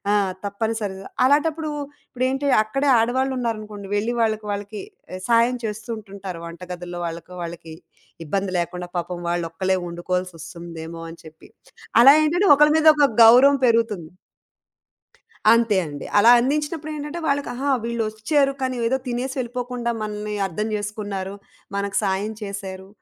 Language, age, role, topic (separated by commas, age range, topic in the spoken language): Telugu, 35-39, guest, మీ ఇంటికి వచ్చిన అతిథులను మీరు సాధారణంగా ఎలా ఆదరిస్తారు?